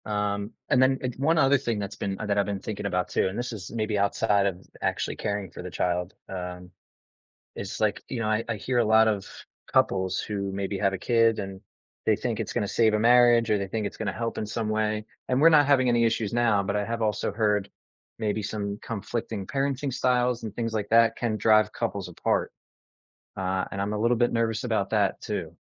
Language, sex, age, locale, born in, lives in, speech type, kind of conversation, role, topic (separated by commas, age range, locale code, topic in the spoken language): English, male, 30-34, en-US, United States, United States, conversation, advice, user, How can I care for my newborn while managing overwhelm and getting enough rest?